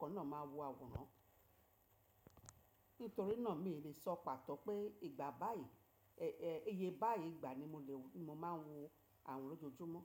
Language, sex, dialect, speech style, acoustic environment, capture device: Yoruba, female, Nigeria - Lagos Yoruba, relaxed; focused, nature, mobile / macOS / Safari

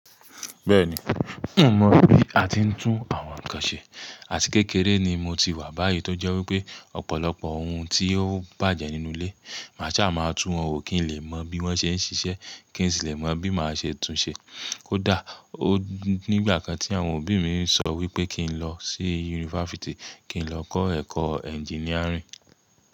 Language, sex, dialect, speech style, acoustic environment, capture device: Yoruba, male, Nigeria - Nigerian Standard, relaxed, silence; nature, mobile / Linux / Chrome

